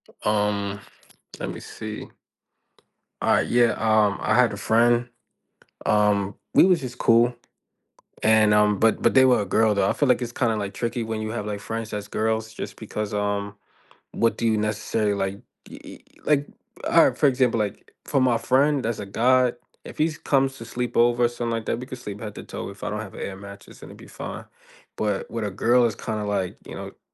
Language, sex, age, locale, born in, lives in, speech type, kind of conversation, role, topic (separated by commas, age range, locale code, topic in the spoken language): English, male, 25-29, en-US, United States, United States, conversation, unstructured, none, Should people forgive those who have committed serious wrongs?